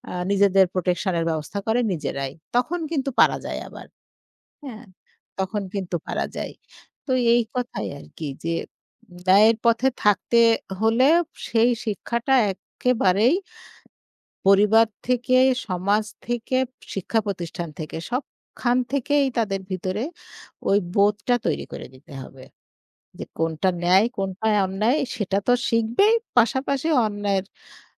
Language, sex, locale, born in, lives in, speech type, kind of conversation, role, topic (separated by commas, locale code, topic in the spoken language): Bengali, female, bn-BD, Bangladesh, Bangladesh, conversation, unstructured, none, আপনি কি কখনো ভয়ে ন্যায়ের পথ থেকে সরে গেছেন?